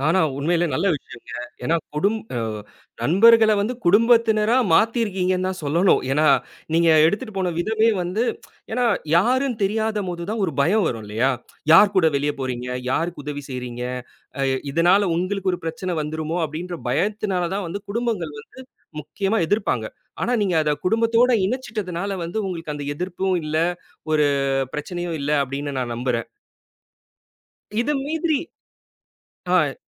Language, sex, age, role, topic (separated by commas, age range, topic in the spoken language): Tamil, male, 30-34, host, ஒரு நண்பரின் உதவி உங்கள் வாழ்க்கையை எப்படிக் கட்டமைத்தது?